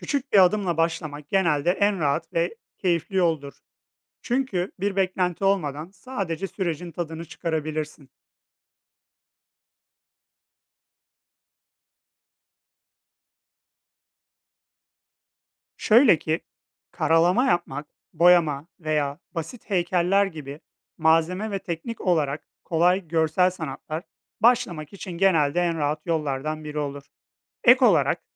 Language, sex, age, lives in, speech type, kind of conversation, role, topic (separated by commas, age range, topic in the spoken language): Turkish, male, 30-34, Poland, read, expert-assistant, expert, Sanatın insan yaşamındaki yeri nedir?